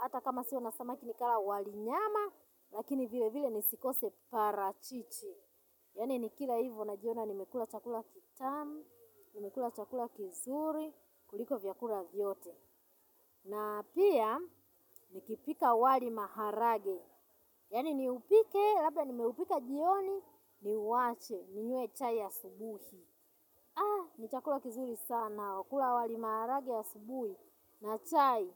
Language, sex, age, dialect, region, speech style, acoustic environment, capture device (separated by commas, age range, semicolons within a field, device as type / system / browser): Swahili, female, 35-44, Tanzania - Dar es Salaam, Tanzania, excited, nature; silence, mobile / Linux / Chrome